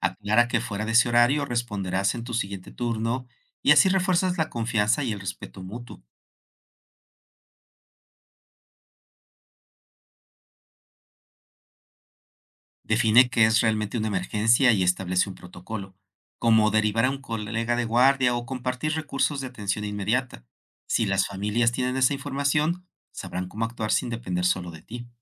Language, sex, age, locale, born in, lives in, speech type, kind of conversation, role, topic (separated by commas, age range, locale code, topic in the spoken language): Spanish, male, 55-59, es-MX, Mexico, Mexico, read, expert-assistant, expert, ¿Qué estrategias puedo usar para equilibrar mi vida personal y el trabajo social sin agotarme?